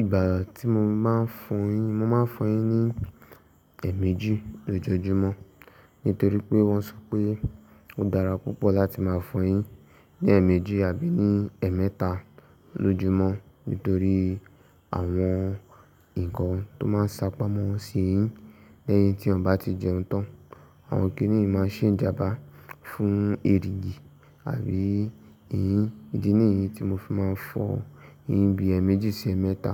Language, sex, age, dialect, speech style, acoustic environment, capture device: Yoruba, male, 18-24, Nigeria - Ibadan, relaxed, silence; nature, mobile / Linux / Chrome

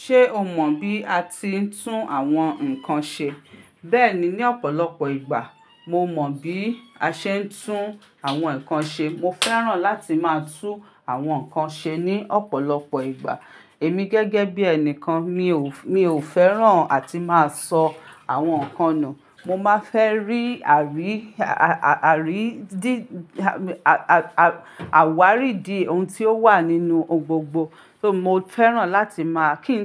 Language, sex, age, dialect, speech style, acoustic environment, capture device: Yoruba, female, 35-44, Nigeria - Ibadan, relaxed, silence, mobile / Linux / Chrome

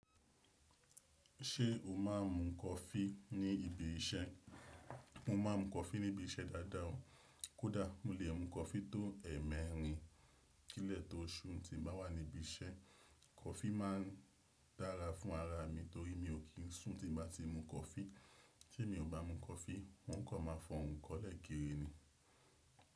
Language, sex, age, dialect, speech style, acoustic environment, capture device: Yoruba, male, 25-34, Nigeria - Nigerian Standard, relaxed, silence, desktop / Windows / Chrome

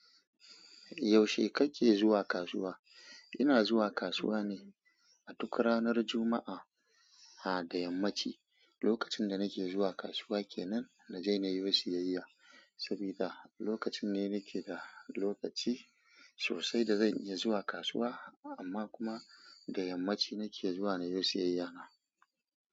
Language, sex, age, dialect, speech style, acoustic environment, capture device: Hausa, female, 25-34, Nigeria - Kano, excited; focused; happy, silence, mobile / Android / Chrome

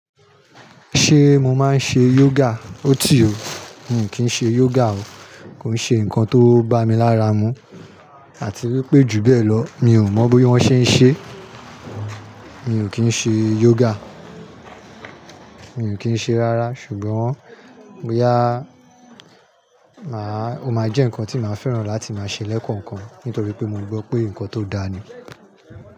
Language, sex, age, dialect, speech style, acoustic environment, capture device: Yoruba, male, 35-44, Nigeria - Nigerian Standard, happy; anxious; nervous; excited; relaxed, people talking; construction; nature, mobile / Linux / Chrome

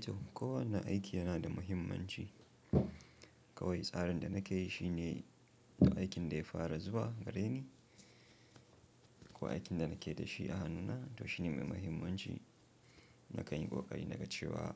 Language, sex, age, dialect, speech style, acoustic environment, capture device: Hausa, male, 25-34, Nigeria - Nigerian Standard, happy; relaxed; focused, silence, mobile / Linux / Chrome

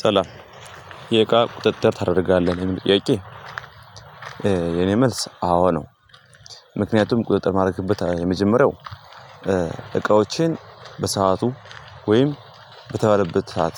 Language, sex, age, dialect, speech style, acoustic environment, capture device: Amharic, male, 18-24, Ethiopia - Gondar, happy; nervous, nature, mobile / Linux / Chrome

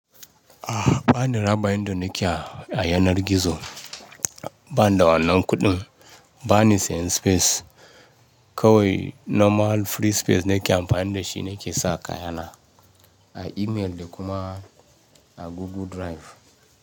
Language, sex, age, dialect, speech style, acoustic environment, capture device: Hausa, male, 35-44, Nigeria - Ibadan, relaxed, nature, mobile / Linux / Chrome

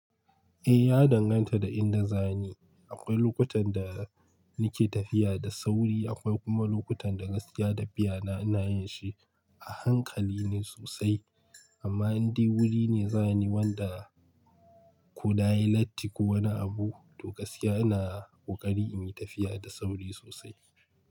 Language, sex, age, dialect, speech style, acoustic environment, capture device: Hausa, male, 25-34, Nigeria - Kano, relaxed, silence; nature, mobile / Linux / Chrome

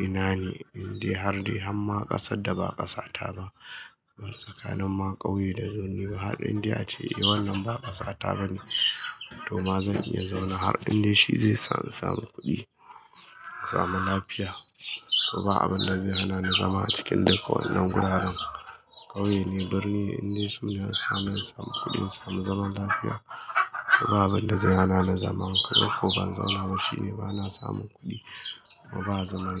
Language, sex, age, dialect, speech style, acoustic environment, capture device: Hausa, male, 25-34, Nigeria - Kano, happy; excited, people talking; appliances, mobile / Linux / Chrome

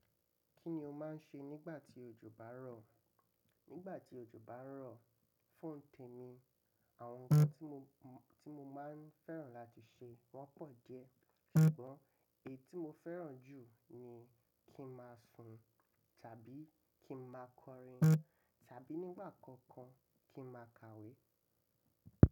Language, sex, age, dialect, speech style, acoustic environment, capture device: Yoruba, male, 25-34, Nigeria - Lagos Yoruba, relaxed, construction, mobile / macOS / Safari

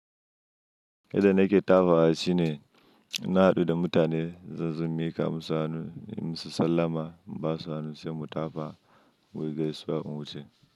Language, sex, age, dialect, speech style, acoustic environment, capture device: Hausa, male, 25-34, Nigeria - Nigerian Standard, happy, nature; silence, mobile / Linux / Chrome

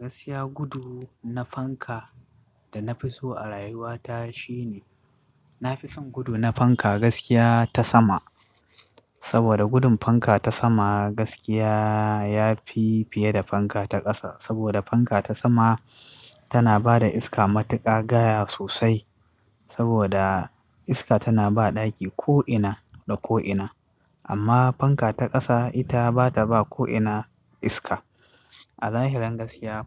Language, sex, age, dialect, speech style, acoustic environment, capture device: Hausa, male, 25-34, Nigeria - Katsina, stressed, construction, desktop / Linux / Chrome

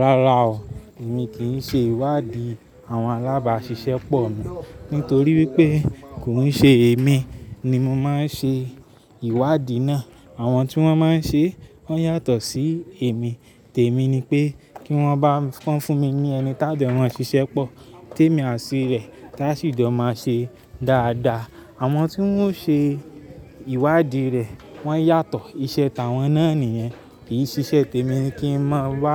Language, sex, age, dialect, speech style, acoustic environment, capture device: Yoruba, male, 25-34, Nigeria - Lagos Yoruba, happy, traffic; people talking, mobile / Linux / Chrome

